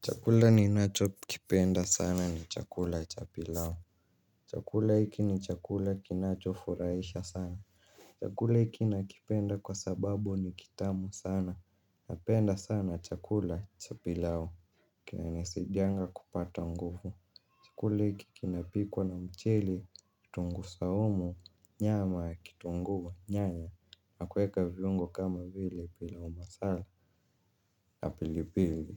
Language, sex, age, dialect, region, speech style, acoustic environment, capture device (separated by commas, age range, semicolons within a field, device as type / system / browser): Swahili, male, 18-24, Kenya - Nairobi Sheng-influenced, Kenya, happy; relaxed; focused, nature; people talking; silence; music, mobile / Linux / Chrome